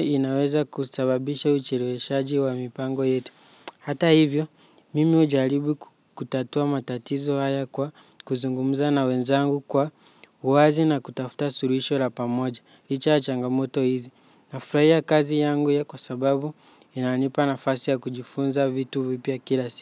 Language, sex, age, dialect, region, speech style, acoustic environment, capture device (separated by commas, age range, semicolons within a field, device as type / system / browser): Swahili, male, 18-24, Kenya - Nairobi Sheng-influenced, Kenya, excited; focused, nature; silence, mobile / Linux / Chrome